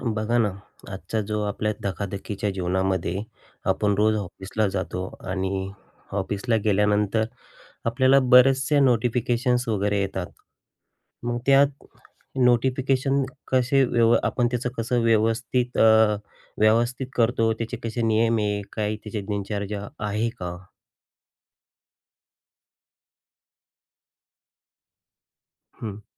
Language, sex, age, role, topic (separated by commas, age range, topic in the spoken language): Marathi, male, 35-39, host, तुम्ही रोजच्या सूचना कशा हाताळता?